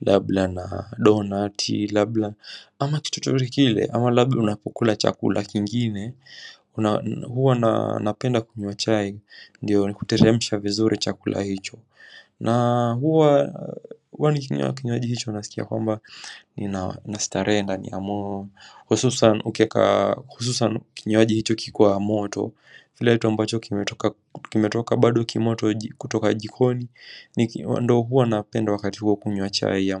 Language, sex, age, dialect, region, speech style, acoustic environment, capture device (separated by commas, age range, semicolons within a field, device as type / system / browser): Swahili, male, 18-24, Kenya - Mombasa, Kenya, relaxed; focused, construction, mobile / macOS / Safari